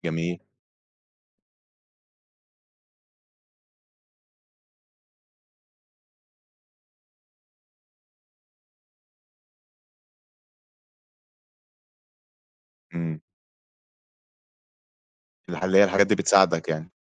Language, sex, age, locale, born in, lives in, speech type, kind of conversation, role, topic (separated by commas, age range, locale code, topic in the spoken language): Arabic, male, 20-24, ar-EG, Egypt, Egypt, conversation, podcast, host, إيه تأثير الهجرة على إحساسك بجذورك؟